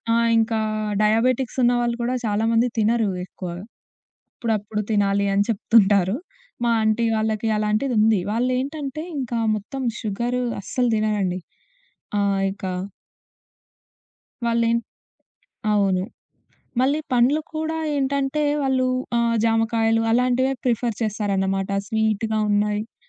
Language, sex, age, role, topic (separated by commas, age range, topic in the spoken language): Telugu, female, 20-24, guest, వ్యవహారికంగా పౌష్టికతను పెంచడానికి రోజువారీ ఆహారంలో చేయగల చిన్న మార్పులు ఏవి?